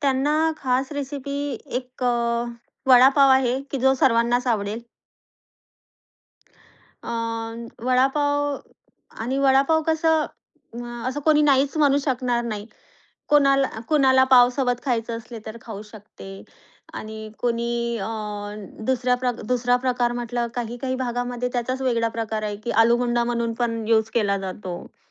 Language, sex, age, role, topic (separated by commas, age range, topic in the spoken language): Marathi, female, 30-34, guest, तुमच्या घरची एखादी खास रेसिपी सांगाल का?